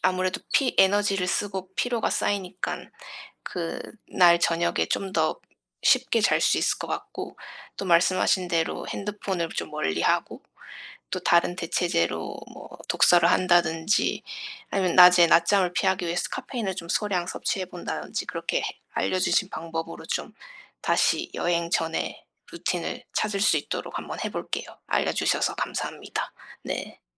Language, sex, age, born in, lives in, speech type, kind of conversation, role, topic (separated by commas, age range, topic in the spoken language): Korean, female, 30-34, South Korea, United States, conversation, advice, user, 일관된 수면 일정을 만들려면 어떻게 시작해야 할까요?